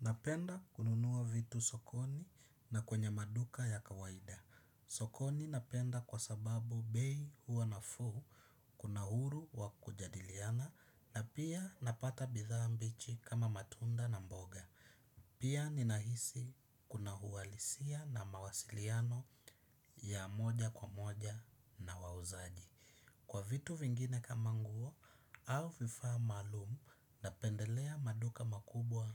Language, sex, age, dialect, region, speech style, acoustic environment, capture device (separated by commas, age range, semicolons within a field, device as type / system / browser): Swahili, male, 25-34, Kenya - Mombasa, Kenya, happy, silence, desktop / Windows / Chrome